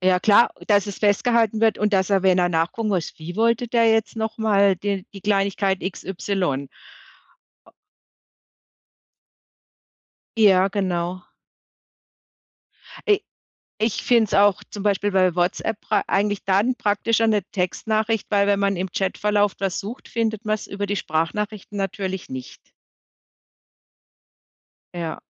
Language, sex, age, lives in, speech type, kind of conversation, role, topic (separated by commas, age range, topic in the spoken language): German, female, 55-59, Germany, conversation, podcast, host, Wie nutzt du Sprachnachrichten im Alltag?